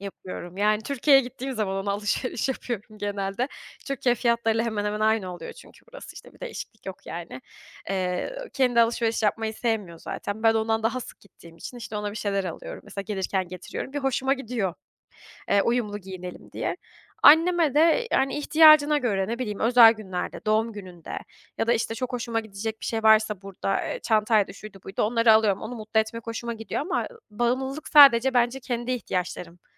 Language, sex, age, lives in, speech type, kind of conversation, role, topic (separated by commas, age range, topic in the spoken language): Turkish, female, 25-29, Germany, conversation, advice, user, Duygusal sıkıntıyla başa çıkmak için alışverişe yöneldiğiniz oluyor mu?